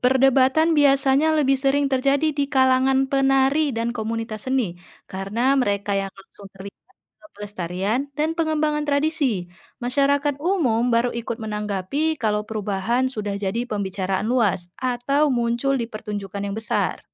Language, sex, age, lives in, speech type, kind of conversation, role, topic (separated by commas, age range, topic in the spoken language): Indonesian, female, 25-29, Indonesia, read, expert-assistant, expert, Kenapa satu tarian tradisional bisa punya gerakan berbeda di tiap daerah?